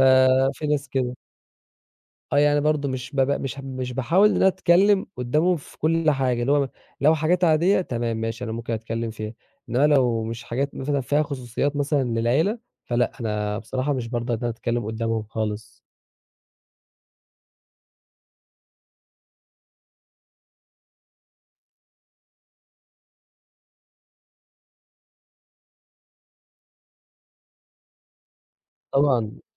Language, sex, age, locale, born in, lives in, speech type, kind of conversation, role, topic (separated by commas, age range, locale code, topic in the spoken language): Arabic, male, 20-24, ar-EG, Egypt, Egypt, conversation, podcast, guest, إزاي بتوازن بين شغلك وحياتك العائلية؟